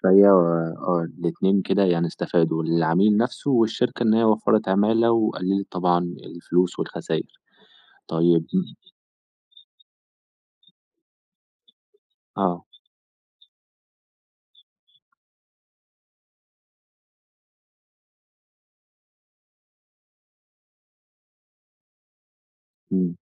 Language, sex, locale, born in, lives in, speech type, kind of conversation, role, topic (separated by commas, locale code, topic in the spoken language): Arabic, male, ar-EG, Egypt, Egypt, conversation, podcast, host, إيه رأيك في الذكاء الاصطناعي وتأثيره على حياتنا اليومية؟